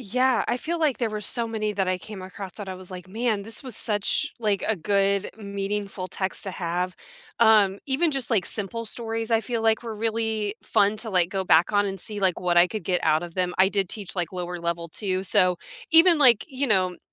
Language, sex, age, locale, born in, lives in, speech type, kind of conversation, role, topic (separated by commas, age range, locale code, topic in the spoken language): English, female, 30-34, en-US, United States, United States, conversation, unstructured, none, Which books did you read in school that most influenced how you think and who you became, and why?